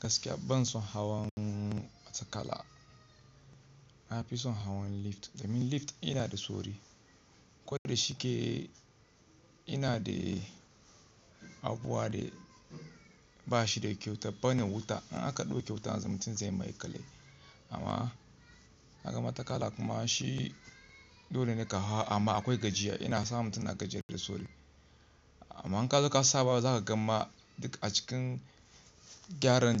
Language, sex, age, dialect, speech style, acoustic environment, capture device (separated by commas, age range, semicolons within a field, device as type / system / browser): Hausa, male, 25-34, Nigeria - Kano, relaxed, silence, mobile / Linux / Chrome